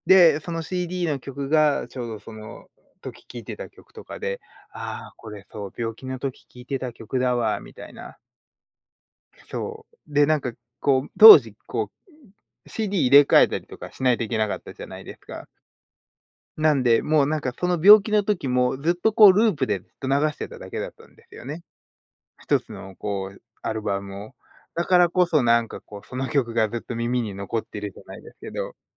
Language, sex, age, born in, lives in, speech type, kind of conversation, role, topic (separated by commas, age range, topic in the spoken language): Japanese, male, 35-39, Japan, United States, conversation, unstructured, none, 音楽は気分にどのような影響を与えますか？